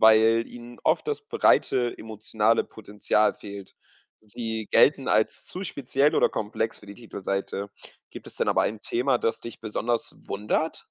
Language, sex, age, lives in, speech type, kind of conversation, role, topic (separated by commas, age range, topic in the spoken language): German, male, 20-24, Portugal, read, expert-assistant, expert, Wie entscheiden Redaktionen, welche Themen wichtig genug für die Titelseite sind?